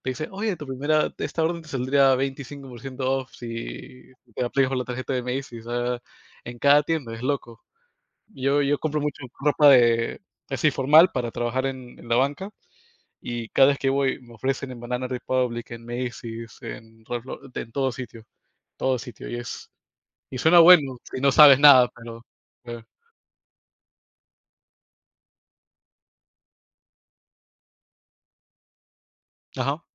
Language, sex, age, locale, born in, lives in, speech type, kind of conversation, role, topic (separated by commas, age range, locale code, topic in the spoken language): Spanish, male, 25-29, es-PE, United States, United States, conversation, unstructured, none, ¿Crees que hoy en día es fácil vivir sin deudas?